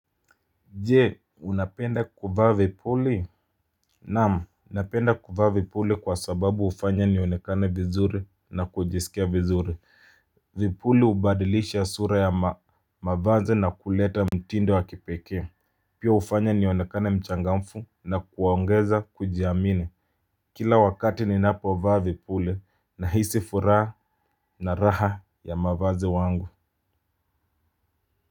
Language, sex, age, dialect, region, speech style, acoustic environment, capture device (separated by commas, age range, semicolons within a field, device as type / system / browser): Swahili, male, 25-34, Kenya - Mombasa, Kenya, anxious, music, mobile / Linux / Chrome